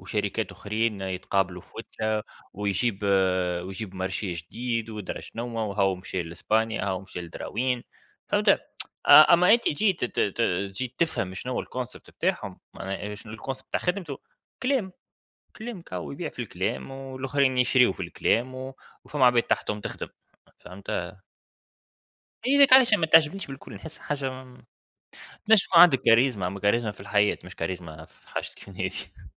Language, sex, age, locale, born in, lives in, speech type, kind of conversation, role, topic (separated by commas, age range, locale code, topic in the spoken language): Arabic, male, 30-34, ar-TN, Tunisia, United States, conversation, unstructured, none, إيه النصيحة اللي ممكن تديها لحد بيبدأ شغل جديد؟